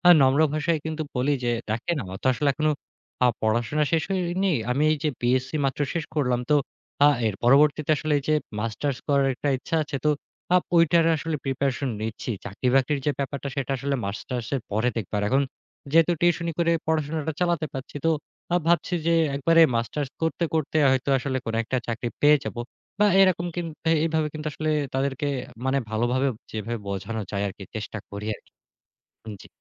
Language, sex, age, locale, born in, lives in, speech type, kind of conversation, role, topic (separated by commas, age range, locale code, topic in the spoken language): Bengali, male, 25-29, bn-BD, Bangladesh, Bangladesh, conversation, podcast, guest, আপনি চাকরি না করলেও নিজের পরিচয় কীভাবে তুলে ধরবেন?